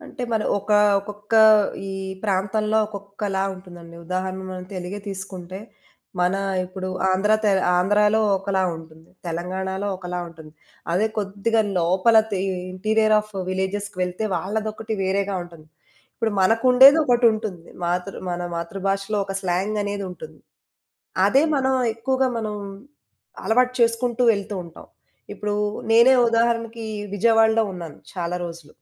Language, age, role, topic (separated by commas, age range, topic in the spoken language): Telugu, 35-39, guest, భాషలు నేర్చుకోవడం మీకు ఎలా అనిపిస్తుంది, దానికి మీ దగ్గర పనికొచ్చే చిట్కాలు ఏవైనా ఉన్నాయా?